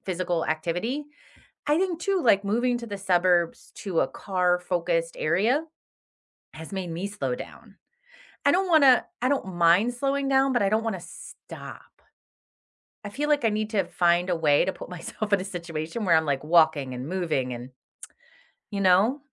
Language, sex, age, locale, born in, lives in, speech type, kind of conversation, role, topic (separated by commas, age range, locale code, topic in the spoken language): English, female, 45-49, en-US, United States, United States, conversation, unstructured, none, How does the idea of slowing down physically make you feel?